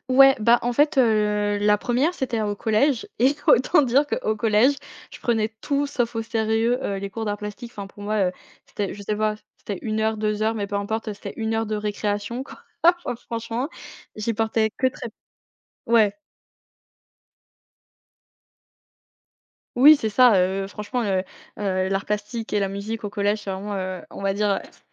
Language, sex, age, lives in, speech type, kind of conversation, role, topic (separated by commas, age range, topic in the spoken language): French, female, 20-24, France, conversation, podcast, guest, Quel professeur t’a donné le goût d’apprendre, et comment ?